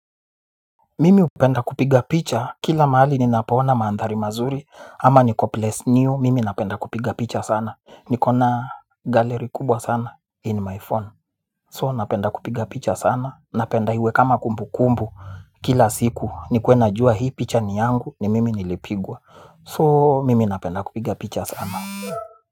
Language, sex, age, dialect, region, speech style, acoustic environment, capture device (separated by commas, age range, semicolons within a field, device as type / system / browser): Swahili, male, 25-34, Kenya - Nairobi Sheng-influenced, Kenya, nervous; stressed; anxious, traffic; people talking; music; appliances, mobile / Linux / Chrome